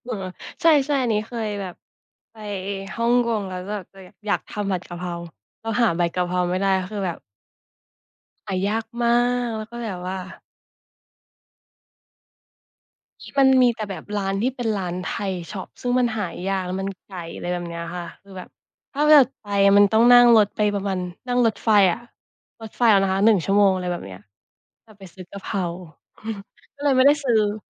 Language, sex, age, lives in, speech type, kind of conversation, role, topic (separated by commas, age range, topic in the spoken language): Thai, female, 25-29, Thailand, conversation, unstructured, none, คุณชอบทำกิจกรรมยามว่างแบบไหนมากที่สุด?